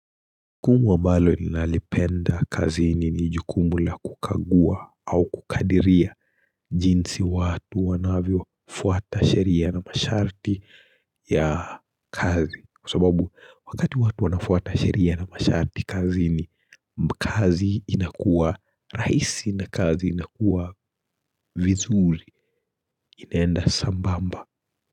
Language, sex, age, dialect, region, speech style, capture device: Swahili, male, 25-34, Kenya - Nairobi Sheng-influenced, Kenya, anxious, mobile / Linux / Chrome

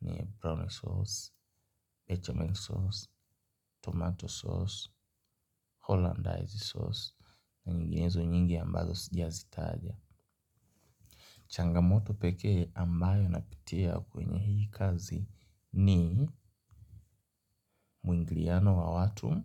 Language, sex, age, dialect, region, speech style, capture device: Swahili, male, 18-24, Kenya - Nairobi Sheng-influenced, Kenya, happy, mobile / Linux / Chrome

